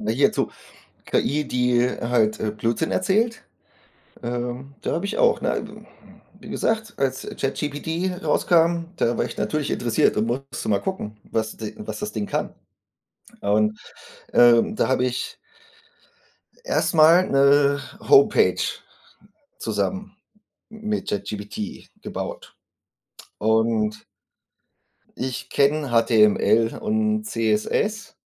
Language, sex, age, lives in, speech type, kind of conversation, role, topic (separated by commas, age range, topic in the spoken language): German, male, 40-44, Germany, conversation, unstructured, none, Was denkst du über künstliche Intelligenz?